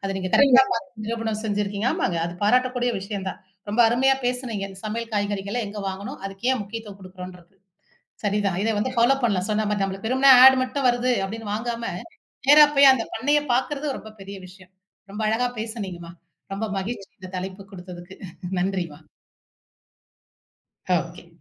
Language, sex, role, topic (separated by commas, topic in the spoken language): Tamil, female, host, உங்கள் சமையலுக்கான காய்கறிகளை நீங்கள் எங்கே வாங்குகிறீர்கள், ஏன் அந்த இடத்தையே தேர்வு செய்கிறீர்கள்?